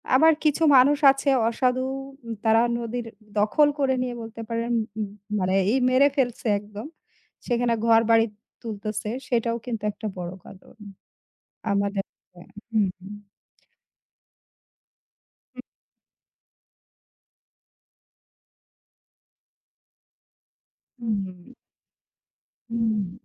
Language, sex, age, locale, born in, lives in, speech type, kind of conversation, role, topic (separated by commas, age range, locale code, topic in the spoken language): Bengali, female, 35-39, bn-BD, Bangladesh, Bangladesh, conversation, unstructured, none, পরিবেশ দূষণ কমানোর সহজ উপায়গুলো কী হতে পারে?